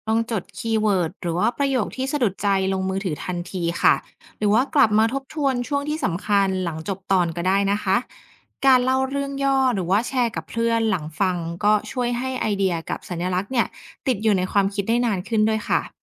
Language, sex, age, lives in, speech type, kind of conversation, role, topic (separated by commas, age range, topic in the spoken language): Thai, female, 30-34, Thailand, read, expert-assistant, expert, ถ้าอยากเริ่มต้นอ่านวรรณกรรมคลาสสิก แต่มีเวลาจำกัด ควรวางแผนเลือกอ่านเรื่องไหนก่อนดี?